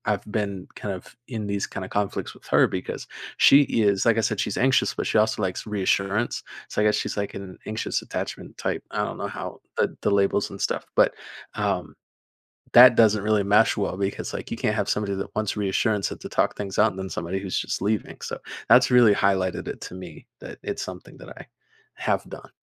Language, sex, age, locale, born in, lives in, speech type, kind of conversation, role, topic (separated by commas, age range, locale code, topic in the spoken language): English, male, 20-24, en-US, United States, United States, conversation, advice, user, How can I repair shame after a bad argument with my partner?